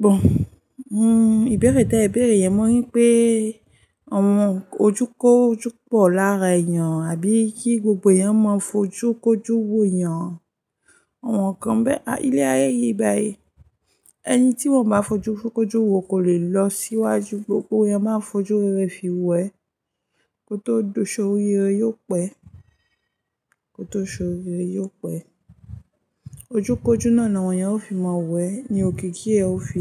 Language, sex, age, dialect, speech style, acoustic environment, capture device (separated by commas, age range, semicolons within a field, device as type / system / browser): Yoruba, female, 25-34, Nigeria - Ijebu, happy; focused, traffic; music; nature, mobile / Linux / Chrome